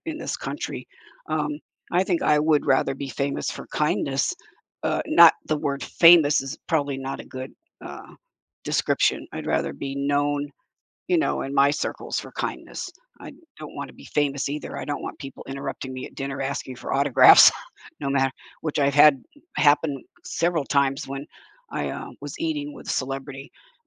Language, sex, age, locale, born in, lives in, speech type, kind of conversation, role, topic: English, female, 70-74, en-US, United States, United States, conversation, unstructured, none, Would you rather be famous for your talent or for your kindness?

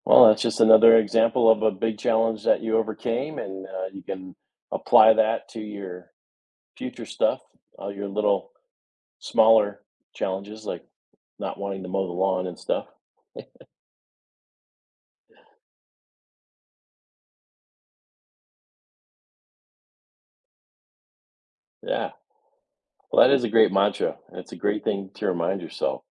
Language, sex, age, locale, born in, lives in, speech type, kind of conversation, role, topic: English, male, 50-54, en-US, United States, United States, conversation, podcast, host, How do difficult experiences shape the way you handle future challenges?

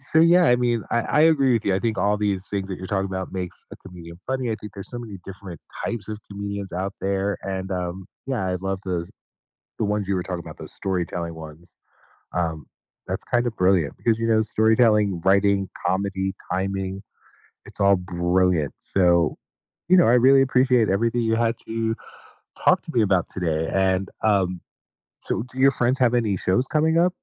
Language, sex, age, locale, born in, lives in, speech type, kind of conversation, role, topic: English, male, 50-54, en-US, United States, United States, conversation, podcast, host, What qualities do you think make someone a truly memorable comedian?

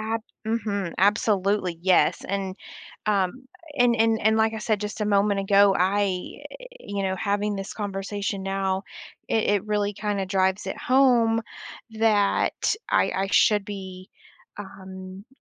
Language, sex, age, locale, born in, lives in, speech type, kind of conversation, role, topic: English, female, 35-39, en-US, United States, United States, conversation, podcast, guest, How do moments that challenge your beliefs shape your personal growth?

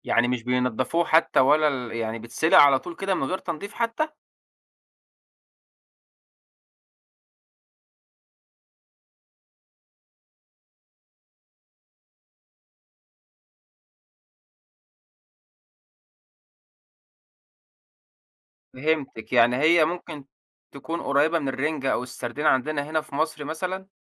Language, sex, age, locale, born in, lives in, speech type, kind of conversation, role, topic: Arabic, male, 25-29, ar-EG, Egypt, Egypt, conversation, podcast, host, إزاي الأكل بيربطك بأهلك وبأصلك؟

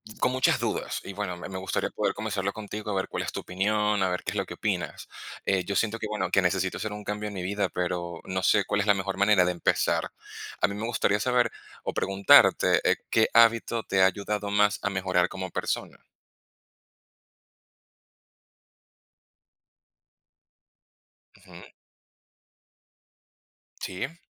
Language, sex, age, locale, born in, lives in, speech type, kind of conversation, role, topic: Spanish, male, 30-34, es-VE, Venezuela, Spain, conversation, unstructured, none, ¿Qué hábito te ha ayudado más a mejorar como persona?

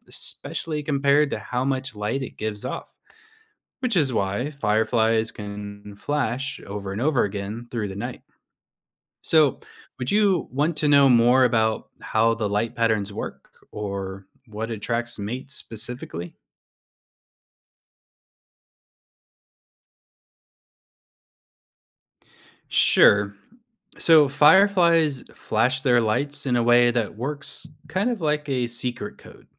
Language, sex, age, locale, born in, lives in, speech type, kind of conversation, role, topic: English, male, 35-39, en-US, United States, United States, read, expert-assistant, expert, Why do fireflies light up at night?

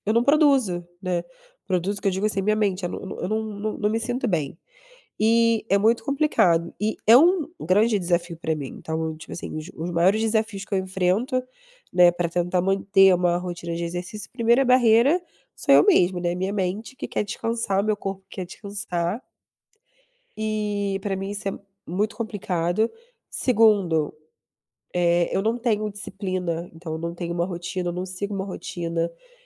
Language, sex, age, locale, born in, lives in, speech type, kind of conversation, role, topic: Portuguese, female, 30-34, pt-BR, Brazil, Germany, conversation, unstructured, none, Como você incorpora exercícios físicos na sua rotina?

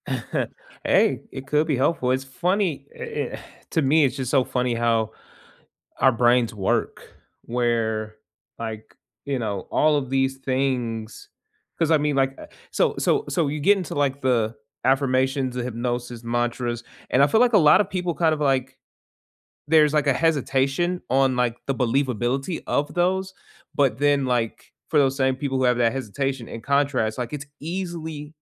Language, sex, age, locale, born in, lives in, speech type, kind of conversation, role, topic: English, male, 25-29, en-US, United States, United States, conversation, unstructured, none, Do small daily habits really boost your mood and resilience, or are they overhyped and not worth the effort?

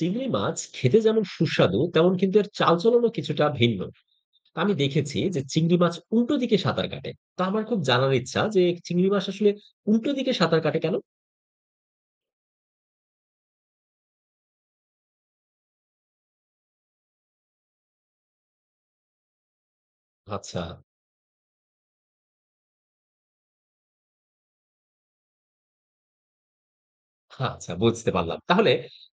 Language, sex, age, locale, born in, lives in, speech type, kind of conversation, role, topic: Bengali, male, 30-34, bn-BD, Bangladesh, Bangladesh, read, expert-assistant, asker, কেন চিংড়ি উল্টো দিকে সাঁতরে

